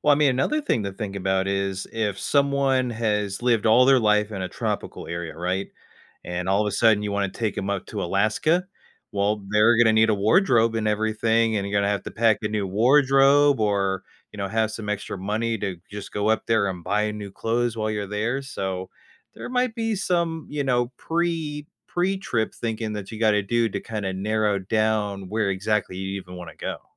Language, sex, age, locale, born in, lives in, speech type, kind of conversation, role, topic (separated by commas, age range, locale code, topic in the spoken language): English, male, 30-34, en-US, United States, United States, conversation, advice, advisor, How can I plan an affordable dream vacation?